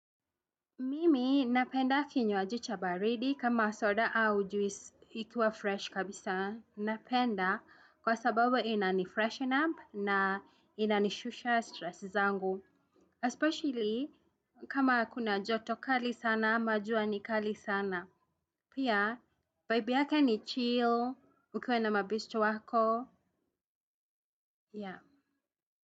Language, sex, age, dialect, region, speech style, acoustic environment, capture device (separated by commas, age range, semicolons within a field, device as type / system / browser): Swahili, female, 35-44, Kenya - Nairobi Sheng-influenced, Kenya, happy; anxious, people talking; traffic; music, desktop / Windows / Chrome